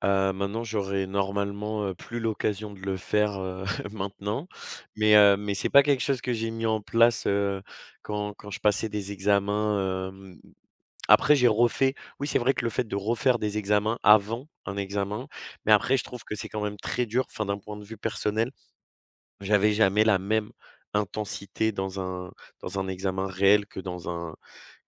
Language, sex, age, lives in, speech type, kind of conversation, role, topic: French, male, 20-24, France, conversation, podcast, guest, Comment gérer l’anxiété avant un examen ?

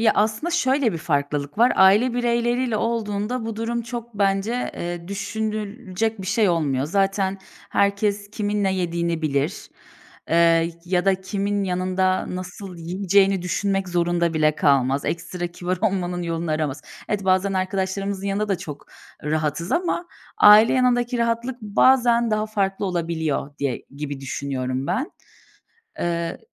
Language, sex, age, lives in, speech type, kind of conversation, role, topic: Turkish, female, 30-34, Bulgaria, conversation, podcast, guest, Kamp yemeklerini pratik hale getirmenin yöntemleri nelerdir?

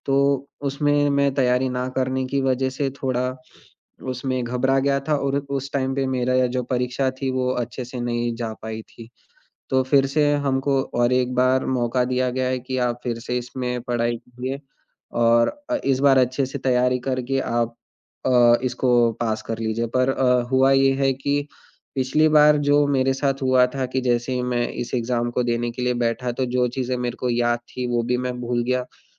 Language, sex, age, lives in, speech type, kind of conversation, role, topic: Hindi, male, 20-24, India, conversation, advice, user, मैं अस्वीकृति के डर को कैसे संभालूं और आगे कैसे बढ़ूं?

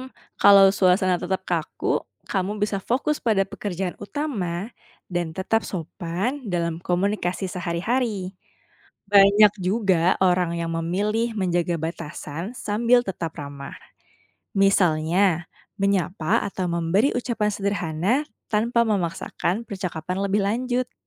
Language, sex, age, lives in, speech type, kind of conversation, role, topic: Indonesian, female, 30-34, Indonesia, read, expert-assistant, expert, Bagaimana Cara Menjaga Motivasi dan Mencairkan Suasana di Lingkungan ASN yang Monoton?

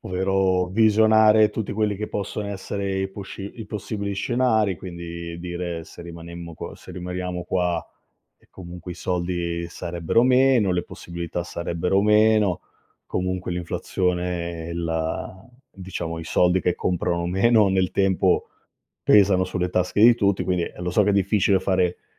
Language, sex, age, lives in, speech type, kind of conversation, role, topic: Italian, male, 35-39, Italy, conversation, advice, advisor, Come affrontare la scelta di trasferirsi per lavoro se questo rischia di dividere la famiglia?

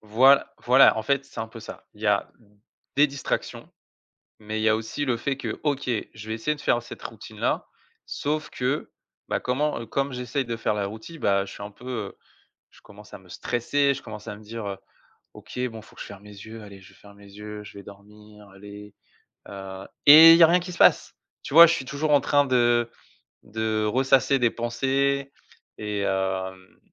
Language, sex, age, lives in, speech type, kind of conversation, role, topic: French, male, 35-39, France, conversation, advice, user, Comment puis-je installer une routine de sommeil cohérente chaque nuit ?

